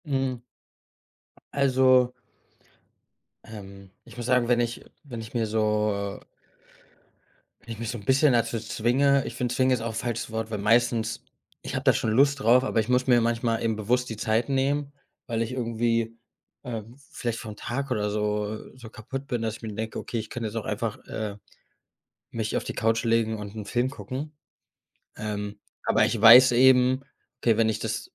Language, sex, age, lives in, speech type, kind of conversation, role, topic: German, male, 25-29, Germany, conversation, podcast, guest, Wie schützt du deine kreative Zeit im Alltag?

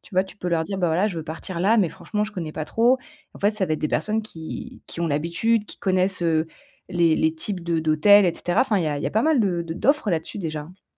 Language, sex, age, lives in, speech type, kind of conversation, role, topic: French, female, 30-34, France, conversation, advice, advisor, Comment puis-je mieux gérer l’anxiété et le stress avant et pendant un voyage ?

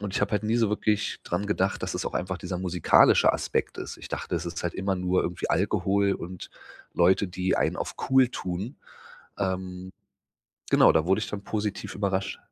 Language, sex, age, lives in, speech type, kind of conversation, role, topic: German, male, 35-39, Germany, conversation, podcast, guest, Was schätzt du an kleinen Clubs gegenüber großen Arenen?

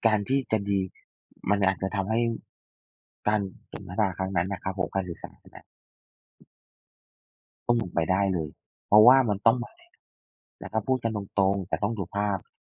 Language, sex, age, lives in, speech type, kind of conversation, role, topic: Thai, male, 45-49, Thailand, conversation, unstructured, none, คุณคิดว่าการสื่อสารในความสัมพันธ์สำคัญแค่ไหน?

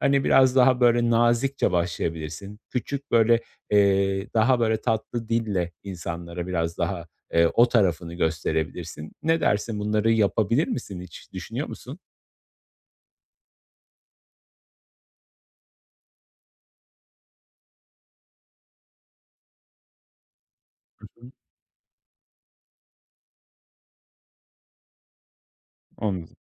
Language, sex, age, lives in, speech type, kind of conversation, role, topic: Turkish, male, 35-39, Poland, conversation, advice, advisor, Sosyal çevremde sınırlarımı nasıl belirleyip koruyabilirim?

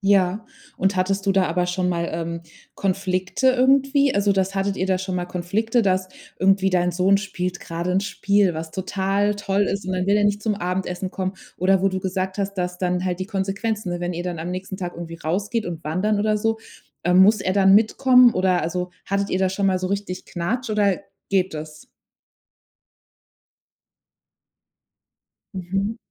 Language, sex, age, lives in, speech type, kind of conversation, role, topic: German, female, 30-34, Germany, conversation, podcast, host, Wie geht ihr mit Bildschirmzeiten bei Kindern um?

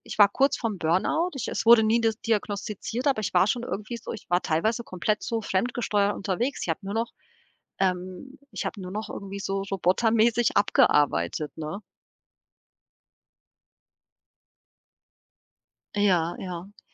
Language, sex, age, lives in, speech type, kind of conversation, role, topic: German, female, 40-44, Portugal, conversation, podcast, guest, Wie bittest du um Unterstützung, ohne dich schuldig zu fühlen?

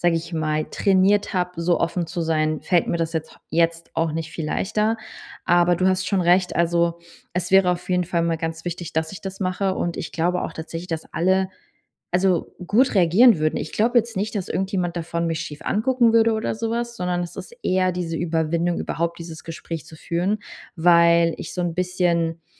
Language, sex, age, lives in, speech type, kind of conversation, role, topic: German, female, 30-34, Germany, conversation, advice, user, Wie kann ich mit Erschöpfung durch viele soziale Verpflichtungen umgehen?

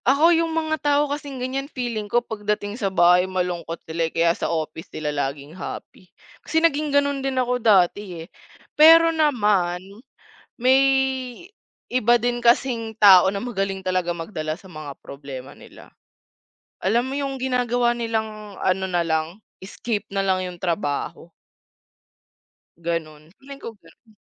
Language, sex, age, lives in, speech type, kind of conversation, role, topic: Filipino, female, 20-24, Philippines, conversation, unstructured, none, Ano ang mga simpleng bagay na nagpapasaya sa iyo sa opisina?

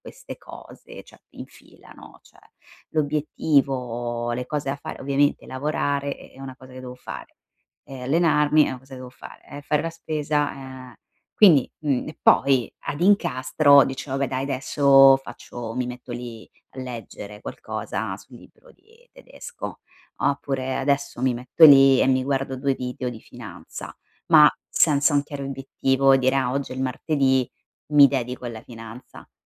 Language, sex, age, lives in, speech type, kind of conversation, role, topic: Italian, female, 35-39, Italy, conversation, advice, user, Come posso monitorare le mie abitudini quotidiane per essere più consapevole della mia salute?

